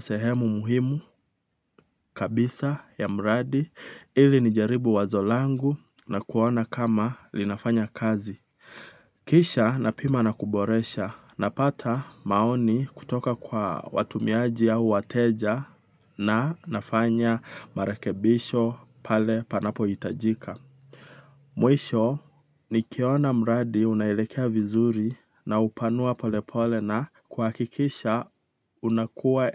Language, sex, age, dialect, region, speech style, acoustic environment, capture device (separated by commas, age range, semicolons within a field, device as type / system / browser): Swahili, male, 25-34, Kenya - Nairobi Sheng-influenced, Kenya, relaxed, nature, mobile / Linux / Chrome